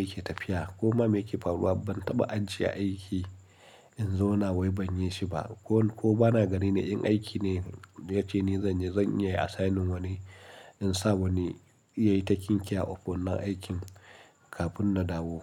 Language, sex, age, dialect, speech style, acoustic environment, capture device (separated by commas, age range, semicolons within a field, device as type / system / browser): Hausa, male, 35-44, Nigeria - Nigerian Standard, happy, silence, mobile / Linux / Chrome